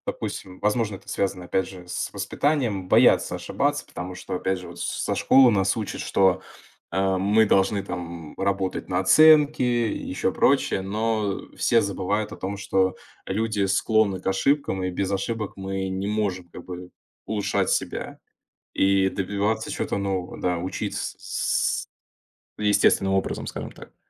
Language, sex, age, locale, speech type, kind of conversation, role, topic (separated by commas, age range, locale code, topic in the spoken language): Russian, male, 20-24, ru-RU, conversation, podcast, guest, Как ты находишь мотивацию в трудные дни?